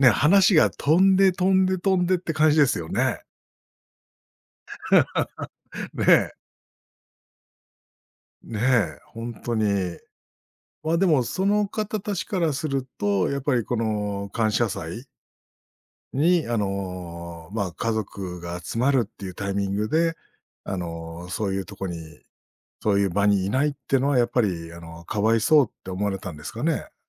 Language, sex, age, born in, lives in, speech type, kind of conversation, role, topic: Japanese, male, 45-49, Japan, Japan, conversation, podcast, host, 料理を通じて人とつながった話はありますか？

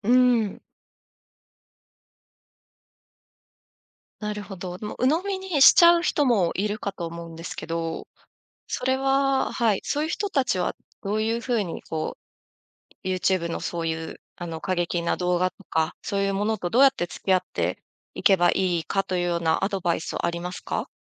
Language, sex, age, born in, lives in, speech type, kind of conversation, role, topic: Japanese, female, 35-39, Japan, Japan, conversation, podcast, host, SNSとの付き合い方で気をつけていることは？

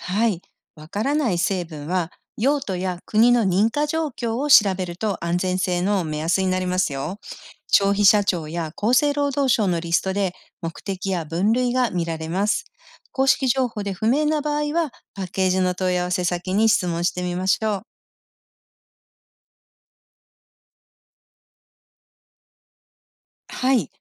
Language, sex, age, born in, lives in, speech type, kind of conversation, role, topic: Japanese, female, 55-59, Japan, United States, read, expert-assistant, expert, スーパーで買う食品の安全情報は、どこまで信じていいのでしょうか？信頼できる情報の見分け方を知りたいです。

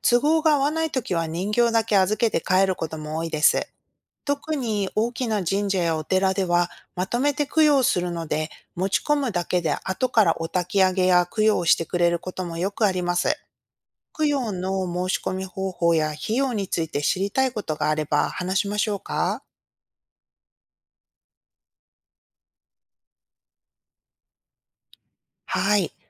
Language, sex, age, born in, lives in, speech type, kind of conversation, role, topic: Japanese, female, 40-44, Japan, United States, read, expert-assistant, expert, なぜ日本の伝統的な人形にひな人形や五月人形があるのでしょうか。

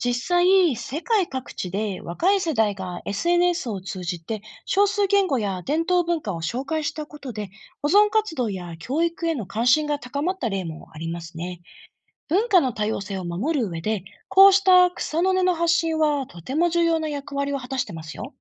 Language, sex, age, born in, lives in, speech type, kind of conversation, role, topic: Japanese, female, 35-39, Japan, Japan, read, expert-assistant, expert, 共通言語が広がると地域の文化や言語はどう変わりますか？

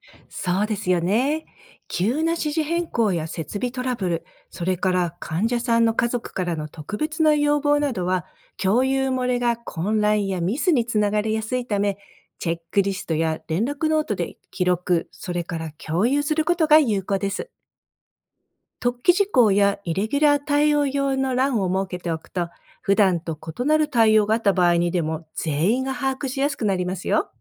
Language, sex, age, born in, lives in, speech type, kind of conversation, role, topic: Japanese, female, 55-59, Japan, United States, read, expert-assistant, expert, 看護現場で多職種との情報共有はどのように工夫できますか？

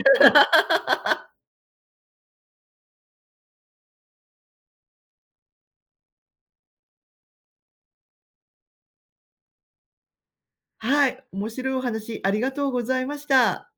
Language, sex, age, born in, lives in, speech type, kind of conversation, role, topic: Japanese, female, 50-54, Japan, Japan, conversation, podcast, host, 子どものころに経験した音楽の思い出で、いちばん印象に残っているのは何ですか？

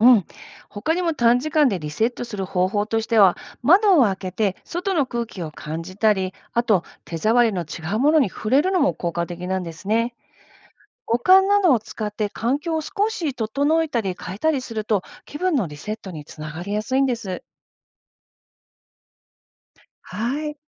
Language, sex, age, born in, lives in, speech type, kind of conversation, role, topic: Japanese, female, 50-54, Japan, United States, read, expert-assistant, expert, やる気はどのように生まれ、保つことができるのでしょうか？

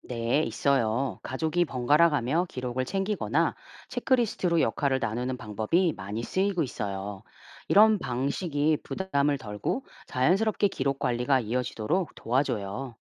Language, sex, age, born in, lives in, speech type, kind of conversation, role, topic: Korean, female, 45-49, South Korea, United States, read, expert-assistant, expert, 전통적인 치료와 현대 의학 중 고민될 때, 현명한 선택을 하려면 무엇을 고려해야 할까요?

